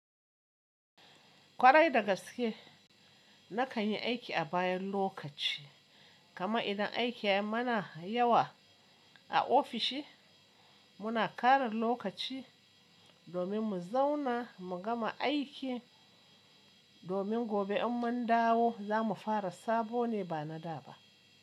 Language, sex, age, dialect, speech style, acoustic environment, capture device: Hausa, male, 25-34, Nigeria - Kano, relaxed, appliances, mobile / Linux / Chrome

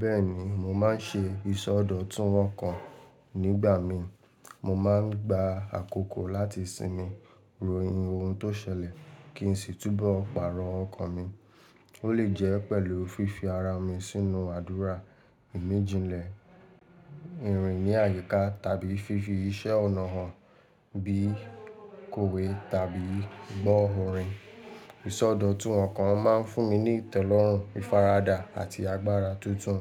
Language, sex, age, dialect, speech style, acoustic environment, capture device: Yoruba, male, 18-24, Nigeria - Lagos Yoruba, relaxed, people talking; traffic, mobile / Linux / Chrome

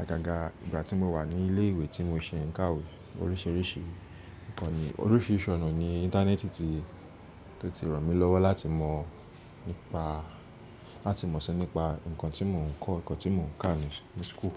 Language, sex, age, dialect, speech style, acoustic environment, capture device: Yoruba, male, 25-34, Nigeria - Lagos Yoruba, relaxed, nature, mobile / Linux / Chrome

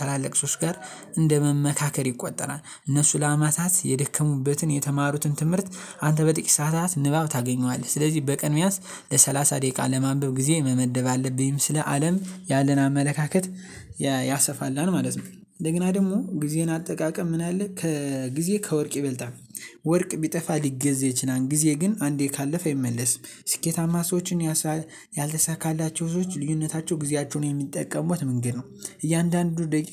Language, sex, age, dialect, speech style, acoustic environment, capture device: Amharic, male, 18-24, Ethiopia - Gondar, happy; focused; excited, nature; silence, mobile / Linux / Chrome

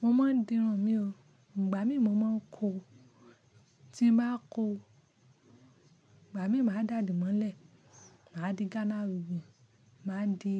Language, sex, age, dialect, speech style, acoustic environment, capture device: Yoruba, female, 25-34, Nigeria - Ibadan, focused, silence, mobile / Linux / Chrome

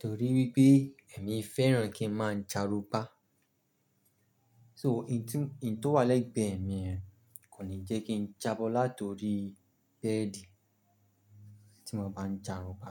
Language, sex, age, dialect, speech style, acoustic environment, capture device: Yoruba, male, 18-24, Nigeria - Lagos Yoruba, relaxed, silence, mobile / Linux / Chrome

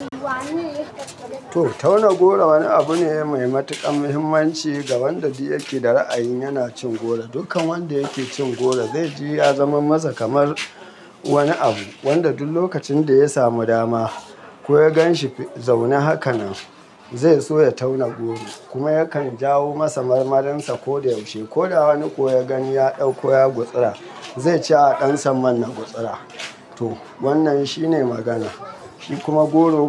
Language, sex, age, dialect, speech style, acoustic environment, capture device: Hausa, male, 35-44, Nigeria - Kano, happy, nature, mobile / Linux / Chrome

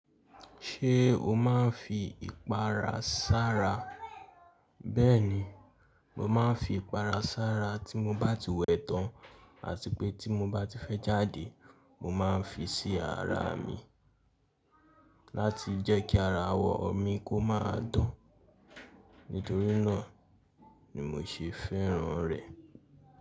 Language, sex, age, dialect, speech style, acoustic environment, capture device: Yoruba, male, 18-24, Nigeria - Lagos Yoruba, excited, people talking, mobile / Linux / Chrome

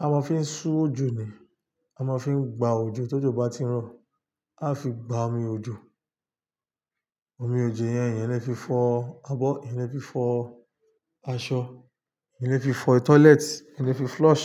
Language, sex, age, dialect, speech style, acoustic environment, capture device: Yoruba, male, 25-34, Nigeria - Lagos Yoruba, relaxed; stressed; focused, nature; silence, desktop / Linux / Chrome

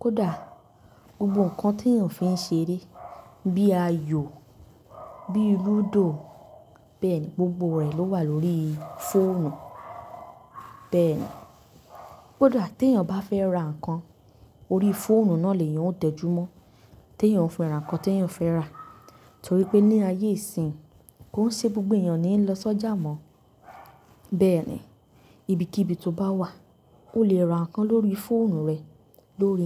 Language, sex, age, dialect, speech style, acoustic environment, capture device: Yoruba, female, 18-24, Nigeria - Nigerian Standard, excited, nature, mobile / Linux / Chrome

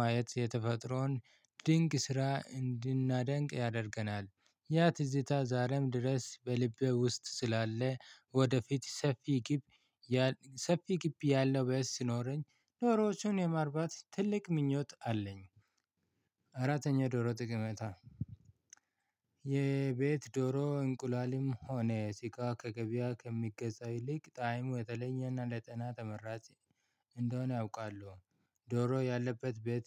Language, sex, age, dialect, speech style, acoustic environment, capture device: Amharic, male, 25-34, Ethiopia - Addis Ababa, excited, silence, mobile / Linux / Chrome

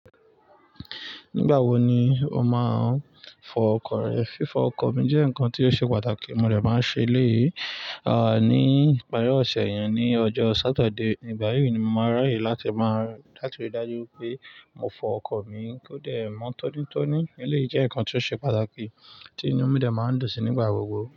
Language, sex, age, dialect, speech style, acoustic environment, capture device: Yoruba, male, 25-34, Nigeria - Nigerian Standard, excited, nature, mobile / Linux / Chrome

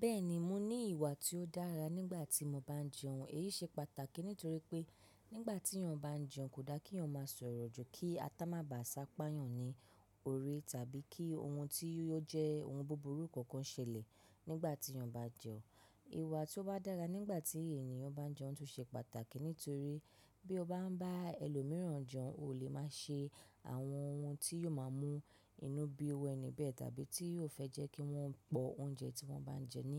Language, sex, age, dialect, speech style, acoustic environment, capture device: Yoruba, female, 25-34, Nigeria - Lagos Yoruba, relaxed; focused, nature, mobile / macOS / Safari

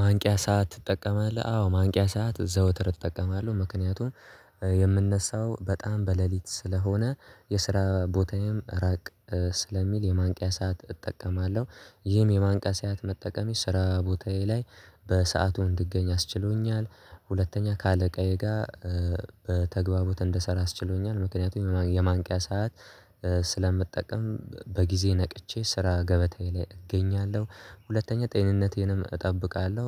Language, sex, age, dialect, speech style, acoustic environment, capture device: Amharic, male, 18-24, Ethiopia - Addis Ababa, relaxed, silence, mobile / Linux / Chrome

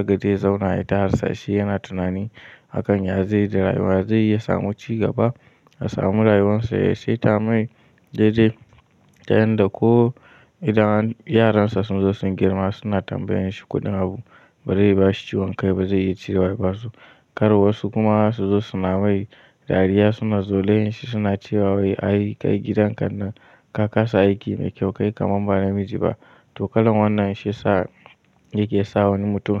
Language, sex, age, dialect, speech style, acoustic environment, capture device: Hausa, male, 18-24, Nigeria - Kano, anxious, nature, mobile / Linux / Chrome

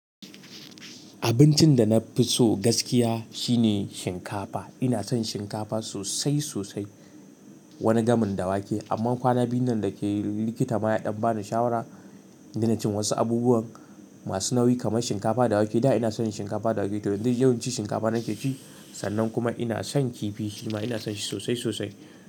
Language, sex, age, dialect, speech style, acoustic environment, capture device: Hausa, male, 18-24, Nigeria - Katsina, relaxed; focused, silence, mobile / Linux / Chrome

